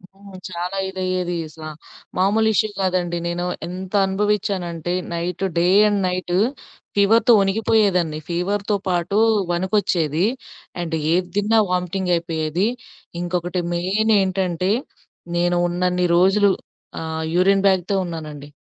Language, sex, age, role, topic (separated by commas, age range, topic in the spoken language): Telugu, female, 25-29, guest, మీకు చిన్న ఆరోగ్య సమస్య వచ్చినప్పుడు, ఎప్పుడైనా ఓ అపరిచితుడు సహాయం చేసిన అనుభవం ఉందా?